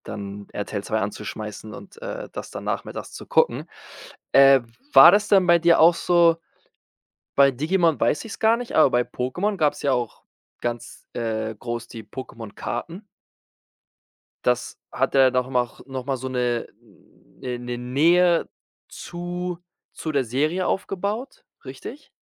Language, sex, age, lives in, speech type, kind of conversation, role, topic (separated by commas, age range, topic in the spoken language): German, male, 25-29, Spain, conversation, podcast, host, Welche Serien haben dich als Teenager begleitet?